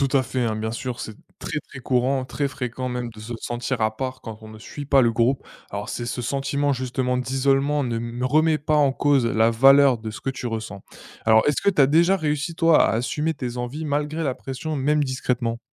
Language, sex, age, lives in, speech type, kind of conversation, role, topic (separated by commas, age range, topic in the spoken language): French, male, 20-24, France, read, expert-assistant, expert, Comment savoir si je choisis une carrière parce que ça me plaît ou parce que c’est valorisé autour de moi ?